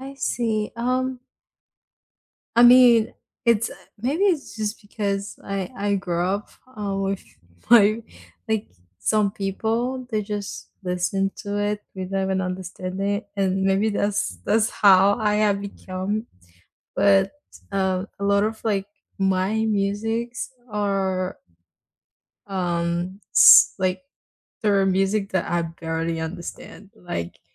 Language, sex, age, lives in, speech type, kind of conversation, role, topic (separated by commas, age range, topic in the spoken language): English, female, 18-19, United States, conversation, unstructured, none, What kind of music best fits your everyday moments?